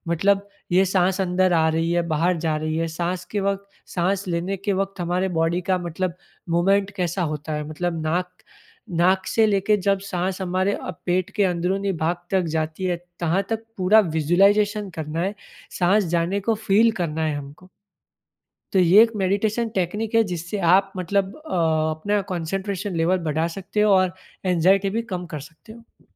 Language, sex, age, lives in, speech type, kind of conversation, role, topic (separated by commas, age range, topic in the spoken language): Hindi, male, 25-29, India, conversation, podcast, guest, Meditation anxiety ko manage karne mein kaise help karta hai, aasan shabdon mein?